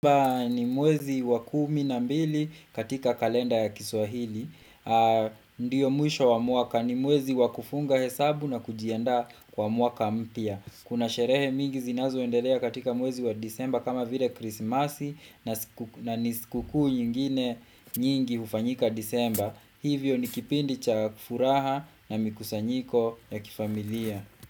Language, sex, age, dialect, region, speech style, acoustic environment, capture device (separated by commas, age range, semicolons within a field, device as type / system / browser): Swahili, male, 25-34, Kenya - Kiambu, Kenya, relaxed, silence, desktop / Windows / Chrome